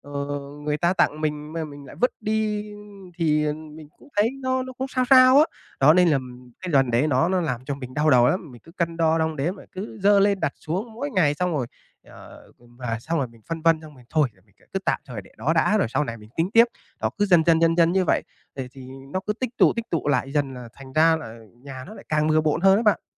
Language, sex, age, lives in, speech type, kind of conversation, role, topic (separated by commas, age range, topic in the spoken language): Vietnamese, male, 25-29, Vietnam, conversation, advice, user, Làm sao để loại bỏ đồ không cần thiết hiệu quả hơn?